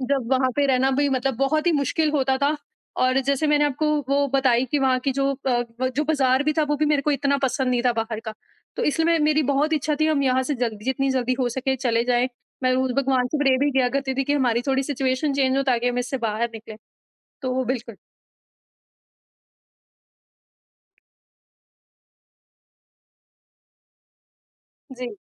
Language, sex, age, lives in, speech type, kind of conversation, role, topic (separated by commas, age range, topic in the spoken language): Hindi, female, 25-29, India, conversation, podcast, guest, Aapke ghar ki migration ki kahani kya hai?